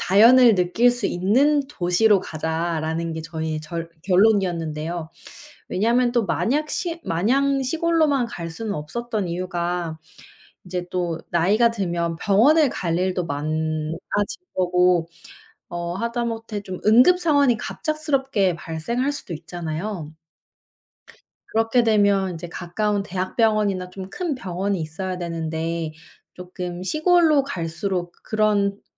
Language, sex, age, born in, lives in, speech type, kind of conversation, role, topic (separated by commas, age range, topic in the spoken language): Korean, female, 25-29, South Korea, United States, conversation, unstructured, none, 도시 생활과 시골 생활 중 어느 쪽이 더 매력적이라고 생각하시나요?